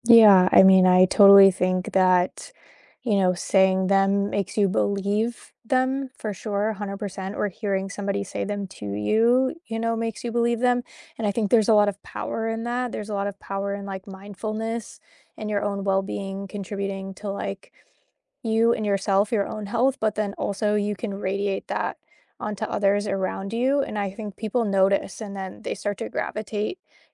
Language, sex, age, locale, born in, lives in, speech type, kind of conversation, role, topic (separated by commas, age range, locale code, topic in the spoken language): English, female, 25-29, en-US, United States, United States, conversation, unstructured, none, What helps you feel calm when you’re stressed?